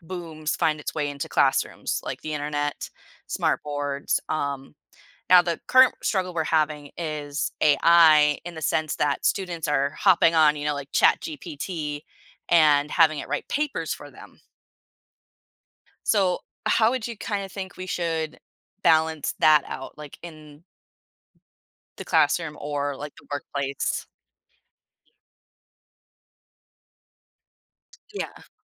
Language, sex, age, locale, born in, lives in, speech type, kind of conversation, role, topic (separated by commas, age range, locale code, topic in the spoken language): English, female, 30-34, en-US, United States, United States, conversation, podcast, host, In what ways has technology reshaped our daily lives and interactions?